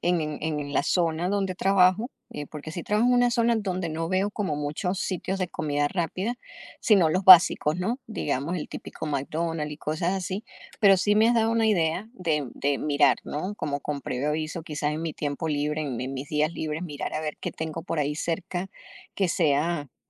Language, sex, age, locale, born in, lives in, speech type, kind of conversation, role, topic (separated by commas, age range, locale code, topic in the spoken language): Spanish, female, 50-54, es-US, Venezuela, United States, conversation, advice, user, ¿Cómo puedo manejar la ansiedad al comer fuera sin dejar mis hábitos saludables?